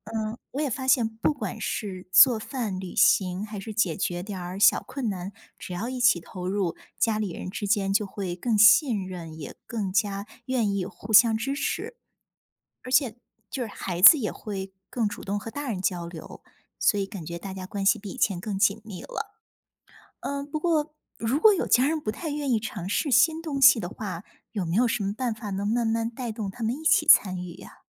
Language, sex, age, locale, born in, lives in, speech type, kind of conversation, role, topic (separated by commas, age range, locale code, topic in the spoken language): Chinese, female, 40-44, zh-CN, China, United States, read, expert-assistant, asker, 你觉得家乡的风俗和节日对你来说意味着什么？如果没有这些传统，你会有什么不同的感受？